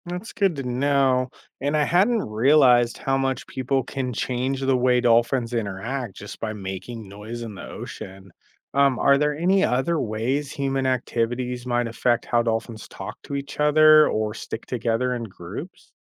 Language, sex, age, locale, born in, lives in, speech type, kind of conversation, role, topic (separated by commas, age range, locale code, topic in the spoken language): English, male, 35-39, en-US, United States, United States, read, expert-assistant, asker, How do dolphins communicate and adapt their signals in changing environments?